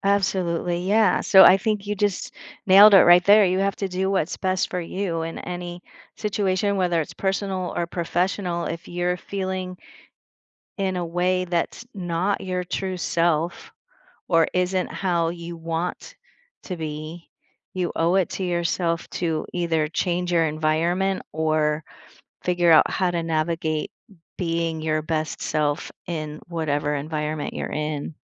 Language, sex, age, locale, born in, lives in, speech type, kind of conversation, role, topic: English, female, 55-59, en-US, United States, United States, conversation, podcast, guest, How do you stay true to yourself while connecting with others?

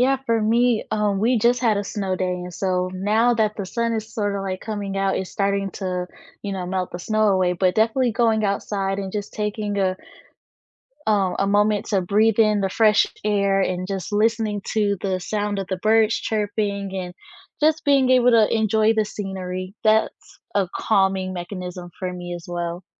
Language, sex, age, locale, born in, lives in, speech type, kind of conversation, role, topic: English, female, 20-24, en-US, United States, United States, conversation, unstructured, none, Which small rituals, spaces, or people help you recharge after a busy or stressful day, and why?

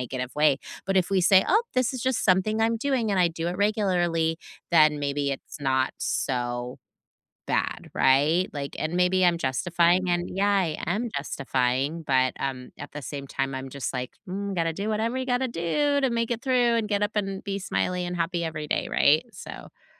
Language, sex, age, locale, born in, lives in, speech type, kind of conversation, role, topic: English, female, 45-49, en-US, United States, United States, conversation, unstructured, none, What’s a habit you have that surprises people when they learn about it?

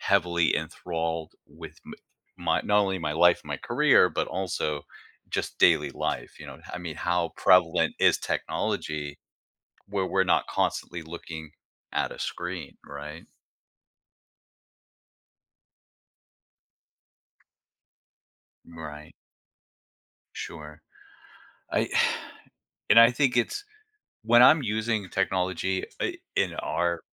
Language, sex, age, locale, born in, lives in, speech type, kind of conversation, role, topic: English, male, 40-44, en-US, United States, United States, conversation, podcast, guest, What are some effective ways to create a healthy balance between technology use and offline activities?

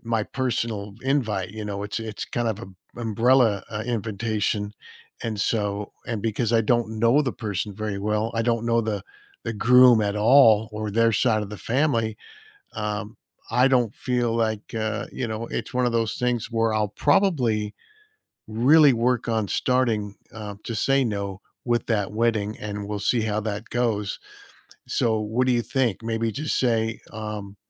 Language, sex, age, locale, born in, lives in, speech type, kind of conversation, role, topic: English, male, 60-64, en-US, United States, United States, conversation, advice, user, How can I say no to extra commitments?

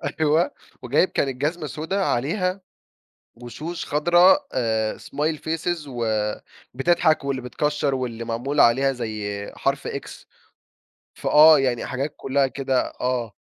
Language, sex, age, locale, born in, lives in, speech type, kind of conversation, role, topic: Arabic, male, 20-24, ar-EG, Egypt, Egypt, conversation, podcast, guest, إيه أكتر حاجة بتحب تلبسها لما تحب تحس بثقة؟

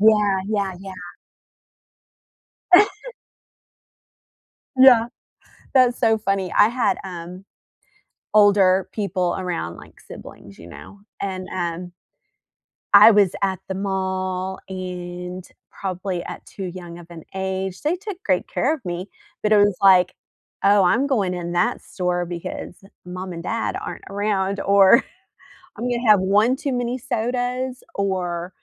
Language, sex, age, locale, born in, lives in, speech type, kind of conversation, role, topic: English, female, 45-49, en-US, United States, United States, conversation, unstructured, none, What is a childhood memory that always makes you smile?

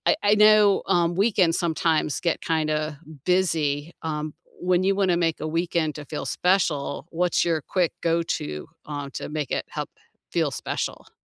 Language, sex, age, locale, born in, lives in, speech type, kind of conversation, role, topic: English, female, 55-59, en-US, United States, United States, conversation, unstructured, none, What small rituals and finishing touches make your home-cooked meals feel special?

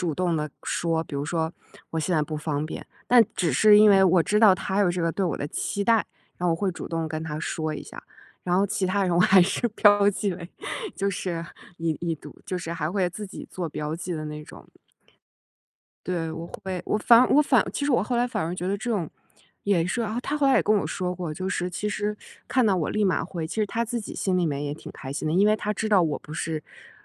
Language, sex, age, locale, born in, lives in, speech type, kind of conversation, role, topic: Chinese, female, 35-39, zh-CN, China, United States, conversation, podcast, guest, 你如何看待“必须马上回复”的社交压力？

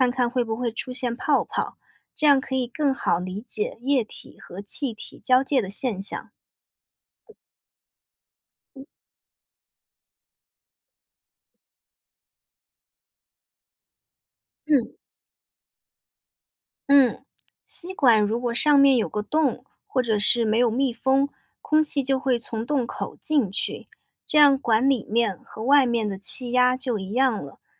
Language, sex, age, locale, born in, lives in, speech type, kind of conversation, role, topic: Chinese, female, 25-29, zh-CN, China, United States, read, expert-assistant, expert, 请解释为什么用吸管可以吸起饮料，并用吸管做实验。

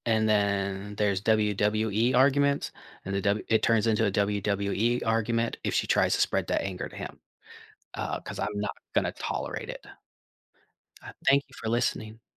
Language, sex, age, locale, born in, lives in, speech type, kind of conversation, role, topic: English, male, 45-49, en-US, United States, United States, conversation, advice, user, How can I discuss a recent disagreement with my partner?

